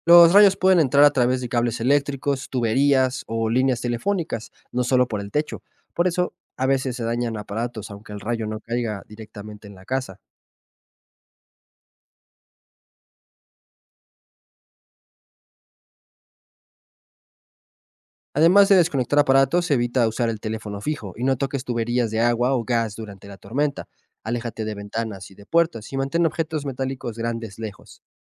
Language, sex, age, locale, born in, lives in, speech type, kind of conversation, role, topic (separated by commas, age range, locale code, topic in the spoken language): Spanish, male, 25-29, es-MX, Mexico, Mexico, read, expert-assistant, expert, ¿Es cierto que los rayos nunca caen dos veces en el mismo lugar o es solo un mito popular?